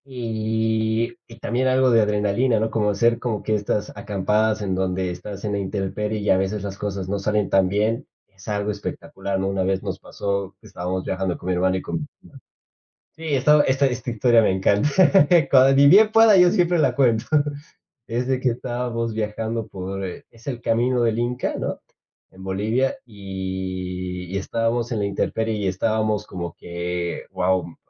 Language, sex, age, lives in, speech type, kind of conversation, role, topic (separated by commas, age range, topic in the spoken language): Spanish, male, 25-29, Spain, conversation, podcast, guest, ¿Cómo eliges entre la seguridad y la aventura?